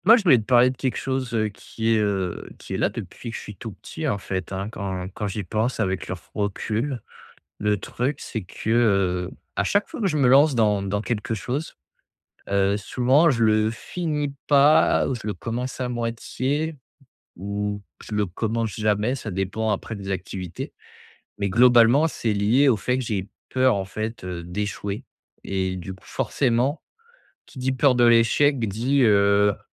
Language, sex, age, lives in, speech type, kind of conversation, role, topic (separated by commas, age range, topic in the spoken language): French, male, 25-29, France, conversation, advice, user, Comment puis-je commencer une nouvelle activité sans flipper à l’idée d’échouer ?